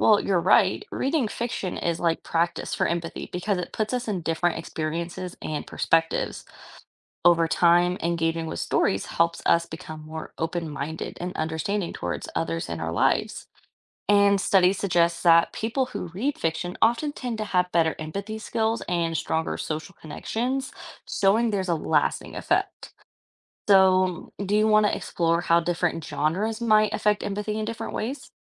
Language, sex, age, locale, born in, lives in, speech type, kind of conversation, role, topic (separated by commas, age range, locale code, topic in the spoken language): English, female, 25-29, en-US, United States, United States, read, expert-assistant, expert, How does reading fiction enhance empathy and understanding?